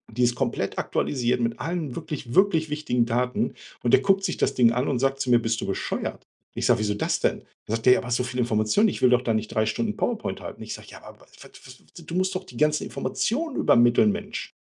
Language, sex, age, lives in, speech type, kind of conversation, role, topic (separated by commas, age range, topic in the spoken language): German, male, 45-49, Germany, conversation, advice, user, Wie blockieren Selbstkritik und Perfektionismus deine Fortschritte?